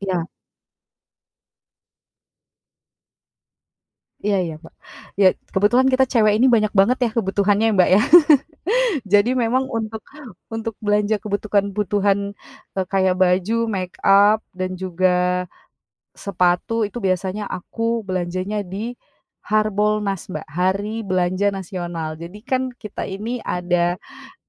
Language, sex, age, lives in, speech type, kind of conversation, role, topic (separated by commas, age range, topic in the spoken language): Indonesian, female, 25-29, Indonesia, conversation, podcast, guest, Apa saja tips belanja hemat tetapi tetap mendapatkan bahan makanan yang enak?